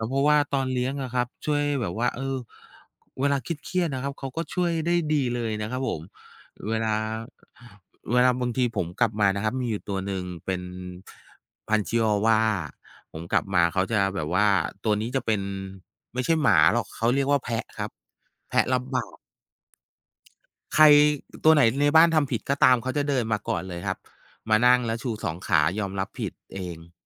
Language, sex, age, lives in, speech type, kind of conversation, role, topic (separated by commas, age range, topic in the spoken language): Thai, male, 45-49, Thailand, conversation, unstructured, none, สัตว์เลี้ยงช่วยให้ชีวิตของเราดีขึ้นได้อย่างไร?